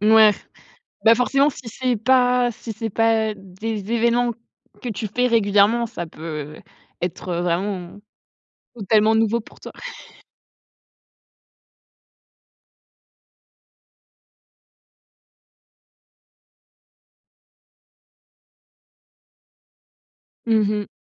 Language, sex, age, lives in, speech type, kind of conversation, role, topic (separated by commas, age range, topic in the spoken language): French, female, 25-29, France, conversation, podcast, host, Qu’est-ce qui influence tes choix vestimentaires ?